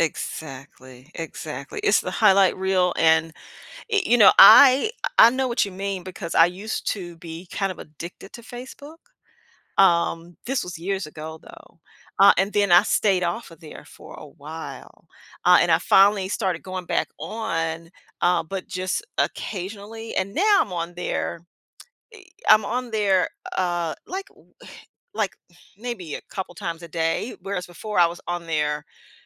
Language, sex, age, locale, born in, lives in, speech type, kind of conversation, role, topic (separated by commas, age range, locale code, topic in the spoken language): English, female, 55-59, en-US, United States, United States, conversation, podcast, guest, How has social media changed the way people share their identities and experiences?